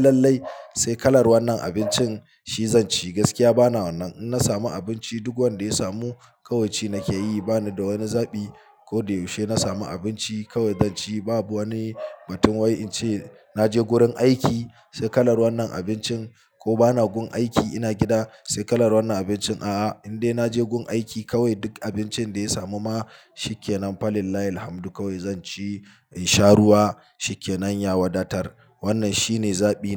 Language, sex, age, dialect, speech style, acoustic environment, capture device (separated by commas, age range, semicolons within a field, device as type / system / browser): Hausa, male, 25-34, Nigeria - Kano, relaxed, silence, mobile / Linux / Chrome